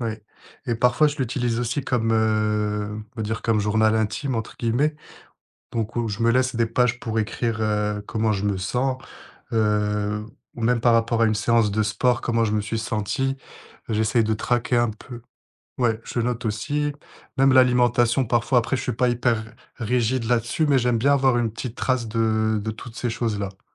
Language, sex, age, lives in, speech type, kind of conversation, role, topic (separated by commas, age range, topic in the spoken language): French, male, 25-29, France, conversation, podcast, guest, Quelles stratégies utilises-tu pour éviter la procrastination ?